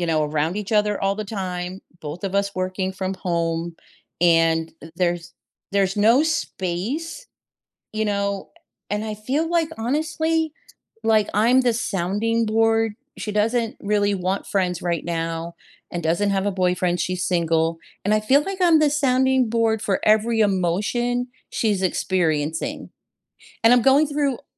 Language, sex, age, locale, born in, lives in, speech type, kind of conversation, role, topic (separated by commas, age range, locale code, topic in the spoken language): English, female, 55-59, en-US, United States, United States, conversation, advice, user, How do I set boundaries with a family member who constantly criticizes my life choices?